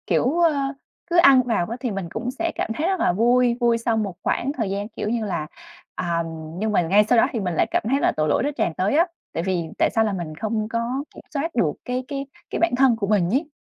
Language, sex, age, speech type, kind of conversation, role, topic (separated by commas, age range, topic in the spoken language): Vietnamese, female, 25-29, conversation, advice, user, Làm sao để bớt cảm giác tội lỗi sau khi lỡ ăn quá nhiều một lần?